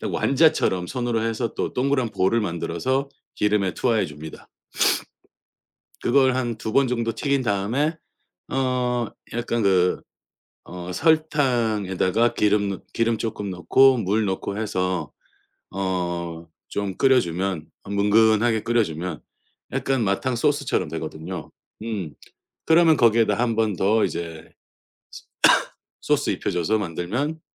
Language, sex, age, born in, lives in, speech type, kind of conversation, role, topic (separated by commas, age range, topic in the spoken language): Korean, male, 45-49, South Korea, United States, conversation, podcast, guest, 요리하면서 새로운 맛을 어떻게 찾아내세요?